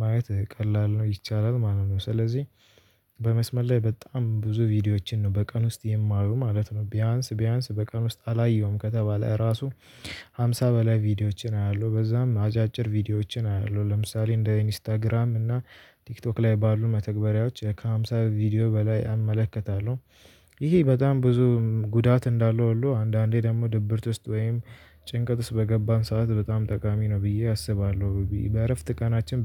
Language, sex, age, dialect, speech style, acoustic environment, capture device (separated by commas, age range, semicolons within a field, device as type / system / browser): Amharic, male, 18-24, Ethiopia - Addis Ababa, nervous; excited, people talking; music; traffic; construction, mobile / Linux / Chrome